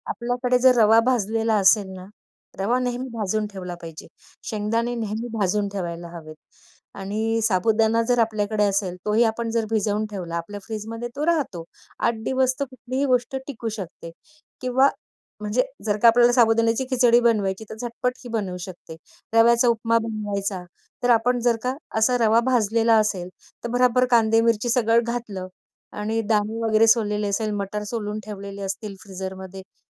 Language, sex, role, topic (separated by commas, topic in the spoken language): Marathi, female, guest, वेळ कमी असताना चवदार जेवण कसे बनवता?